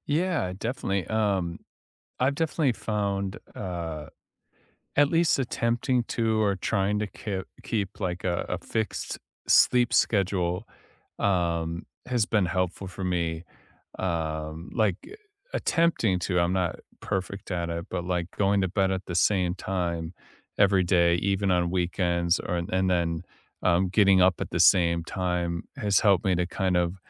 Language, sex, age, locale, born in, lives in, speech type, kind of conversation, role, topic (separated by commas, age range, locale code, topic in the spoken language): English, male, 30-34, en-US, United States, United States, conversation, unstructured, none, Which real bedtime rituals have actually helped you fall asleep faster, and how did you discover them?